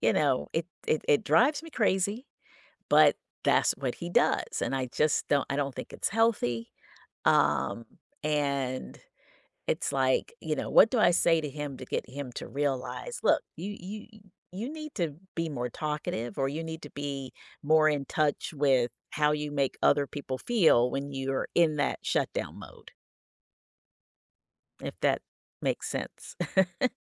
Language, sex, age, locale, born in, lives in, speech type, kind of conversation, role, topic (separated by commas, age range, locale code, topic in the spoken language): English, female, 55-59, en-US, United States, United States, conversation, advice, user, How can I get my partner to open up more?